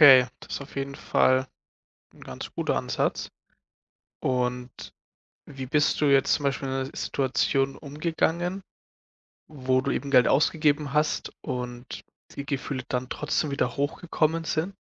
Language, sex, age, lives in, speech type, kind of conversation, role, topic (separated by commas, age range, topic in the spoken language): German, male, 20-24, Germany, conversation, podcast, host, Wie gehst du trotz Mentoring mit dem Hochstapler-Syndrom um?